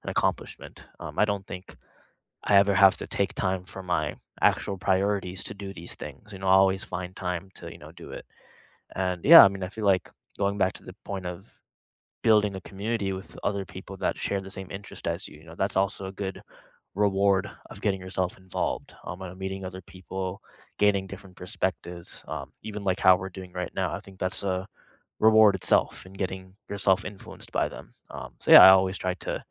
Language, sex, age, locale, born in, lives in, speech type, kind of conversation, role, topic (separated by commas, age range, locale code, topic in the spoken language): English, male, 25-29, en-US, India, United States, conversation, unstructured, none, How have music, art, or sports influenced your learning?